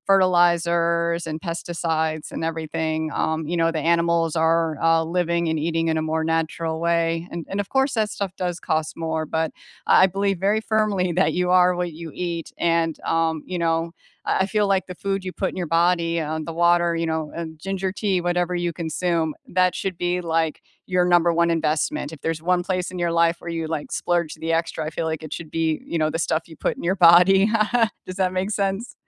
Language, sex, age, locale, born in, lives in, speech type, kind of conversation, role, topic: English, female, 40-44, en-US, United States, United States, conversation, unstructured, none, Is it worth paying extra for eco-friendly products, or should you save money by buying the cheapest option?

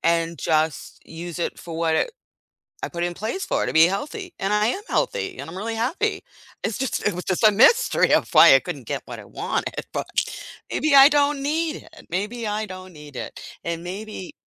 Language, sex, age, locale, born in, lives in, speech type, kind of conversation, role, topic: English, female, 60-64, en-US, United States, United States, conversation, unstructured, none, What is an everyday mystery you still haven't figured out?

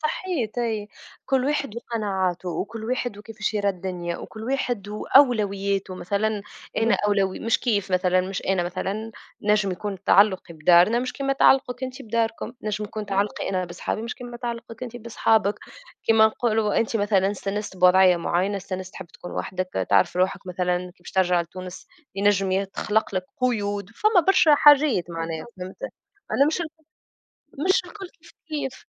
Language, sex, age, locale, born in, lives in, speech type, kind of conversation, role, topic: Arabic, female, 25-29, ar-TN, Tunisia, Germany, conversation, unstructured, none, إنت شايف إن الشغل بيأثر على صحتك النفسية؟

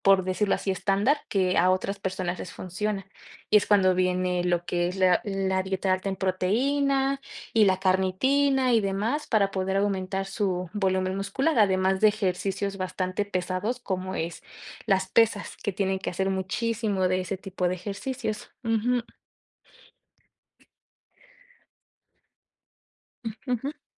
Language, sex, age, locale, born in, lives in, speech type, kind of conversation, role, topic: Spanish, female, 25-29, es-MX, Mexico, Mexico, conversation, unstructured, none, ¿Crees que el ejercicio puede mejorar tu estado de ánimo?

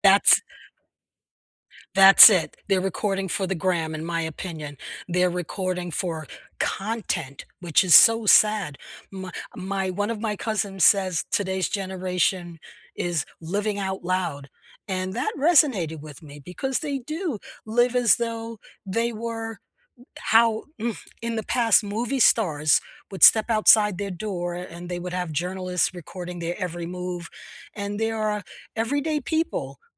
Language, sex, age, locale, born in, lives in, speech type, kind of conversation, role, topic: English, female, 70-74, en-US, United States, United States, conversation, unstructured, none, What was the best concert you’ve ever attended, and what unforgettable moment made it truly special for you?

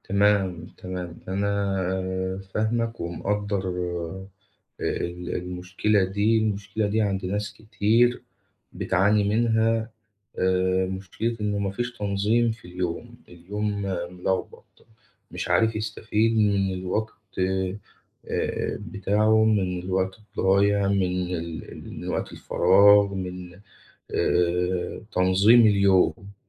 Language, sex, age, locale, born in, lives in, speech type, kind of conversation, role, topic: Arabic, male, 25-29, ar-EG, Egypt, Egypt, conversation, advice, advisor, إزاي أبدأ أتابع عاداتي اليومية عشان أزود وعيي بنفسي؟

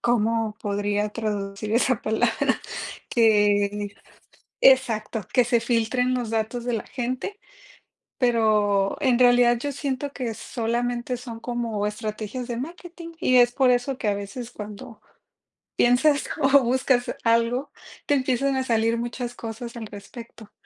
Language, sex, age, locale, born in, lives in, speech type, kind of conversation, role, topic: Spanish, female, 30-34, es-MX, Mexico, Mexico, conversation, unstructured, none, ¿Confías en la privacidad de tus datos en redes sociales?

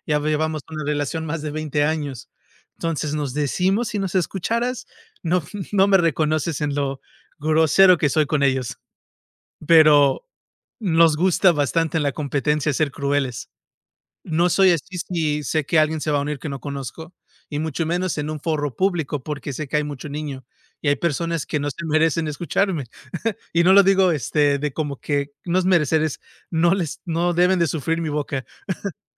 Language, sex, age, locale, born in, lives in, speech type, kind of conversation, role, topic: Spanish, male, 35-39, es-MX, United States, United States, conversation, unstructured, none, ¿Cómo te sientes cuando no puedes ser tú mismo en algún lugar?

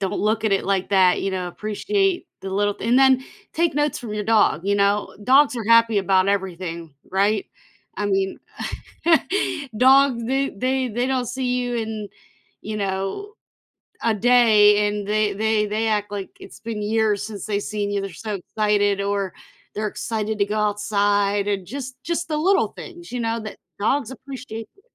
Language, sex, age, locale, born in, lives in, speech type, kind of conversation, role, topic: English, female, 35-39, en-US, United States, United States, conversation, advice, advisor, How can I find more meaning and purpose in my everyday life?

